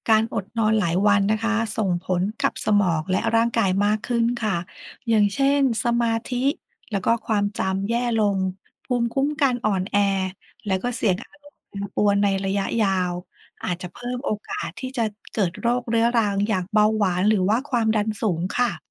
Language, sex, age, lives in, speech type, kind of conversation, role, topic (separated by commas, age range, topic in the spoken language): Thai, female, 40-44, Thailand, read, expert-assistant, expert, เมื่อต้องเลือกพฤติกรรมสุขภาพแบบเร่งด่วน เช่น การนอนหลับที่เพียงพอ, การออกกำลังกาย, หรือการควบคุมอาหาร อะไรควรจัดเป็นลำดับแรกในการดูแลร่างกายและเพราะอะไร